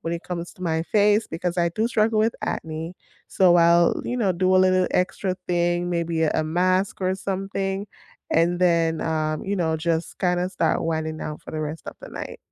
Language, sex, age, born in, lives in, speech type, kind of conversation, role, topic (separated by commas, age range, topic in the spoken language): English, female, 30-34, Jamaica, United States, conversation, unstructured, none, What little after-work habits help you unwind, and how did they become part of your routine?